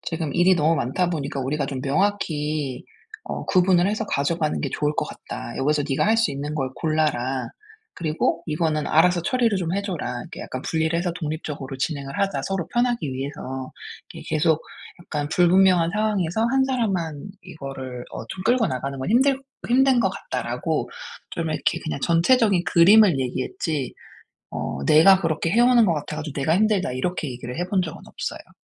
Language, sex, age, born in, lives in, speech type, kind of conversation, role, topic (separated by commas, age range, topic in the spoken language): Korean, female, 40-44, South Korea, United States, conversation, advice, user, 어떻게 상대의 기분을 배려하며 건설적 피드백을 전달할까?